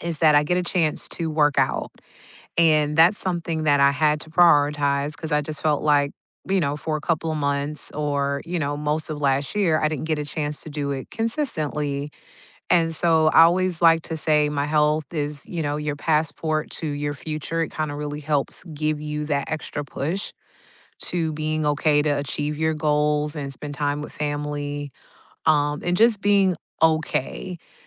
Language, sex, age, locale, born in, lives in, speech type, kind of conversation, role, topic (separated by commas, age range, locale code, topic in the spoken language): English, female, 40-44, en-US, United States, United States, conversation, unstructured, none, Which rituals or traditions matter most to you these days, and how do they help you feel connected?